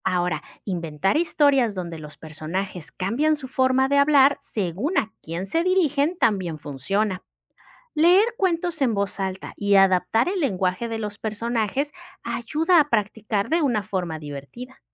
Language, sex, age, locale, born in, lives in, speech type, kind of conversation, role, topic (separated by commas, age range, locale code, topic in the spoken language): Spanish, female, 35-39, es-MX, Mexico, Mexico, read, expert-assistant, expert, ¿Por qué las personas cambian su forma de hablar según con quién están?